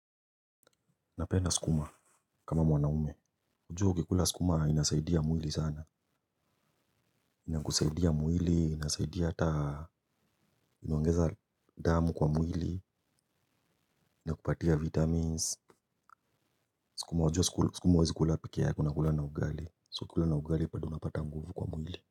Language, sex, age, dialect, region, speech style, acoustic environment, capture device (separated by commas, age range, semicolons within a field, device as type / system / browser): Swahili, male, 25-34, Kenya - Nairobi Sheng-influenced, Kenya, happy, silence, mobile / Linux / Chrome